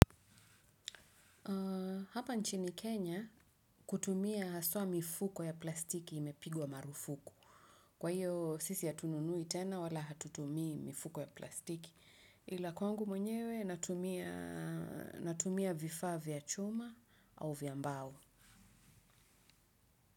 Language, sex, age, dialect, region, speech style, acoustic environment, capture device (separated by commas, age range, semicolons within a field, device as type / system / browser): Swahili, female, 35-44, Kenya - Nairobi Sheng-influenced, Kenya, relaxed, nature; silence, desktop / Windows / Chrome